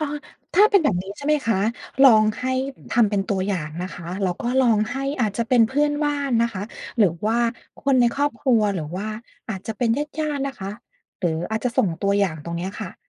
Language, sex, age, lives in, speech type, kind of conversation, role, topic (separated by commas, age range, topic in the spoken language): Thai, female, 40-44, United States, conversation, advice, advisor, คุณควรตัดสินใจลาออกจากงานประจำเพื่อเริ่มทำธุรกิจของตัวเองหรือไม่?